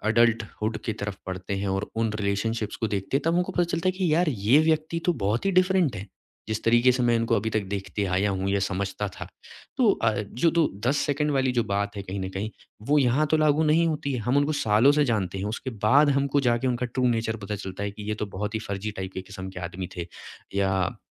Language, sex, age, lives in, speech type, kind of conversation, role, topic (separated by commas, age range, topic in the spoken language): Hindi, male, 25-29, India, conversation, podcast, host, Kisi se pehli baar baat karte waqt aap bharosa kaise paida karte hain?